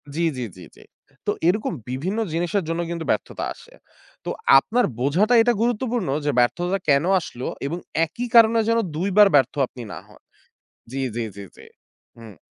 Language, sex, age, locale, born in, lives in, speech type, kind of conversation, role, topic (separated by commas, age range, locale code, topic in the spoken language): Bengali, male, 25-29, bn-BD, Bangladesh, Bangladesh, conversation, podcast, guest, ফেল হওয়াকে কীভাবে শেখার একটি অংশ হিসেবে গ্রহণ করা যায়?